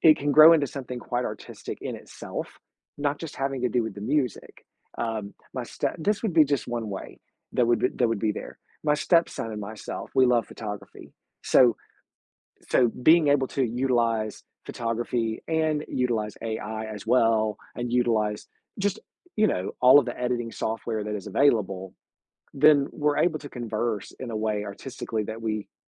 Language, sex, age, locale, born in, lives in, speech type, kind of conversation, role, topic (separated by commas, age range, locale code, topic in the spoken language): English, male, 45-49, en-US, United States, United States, conversation, podcast, guest, In what ways has technology shaped our relationships and the way we connect with others?